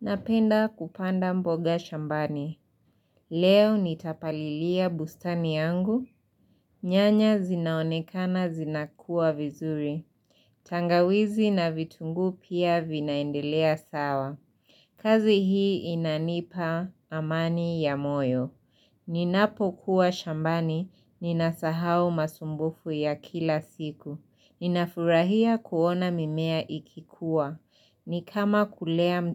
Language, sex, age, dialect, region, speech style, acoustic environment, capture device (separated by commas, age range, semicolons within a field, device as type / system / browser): Swahili, female, 25-34, Kenya - Nairobi Sheng-influenced, Kenya, happy; relaxed; excited, silence, mobile / Linux / Chrome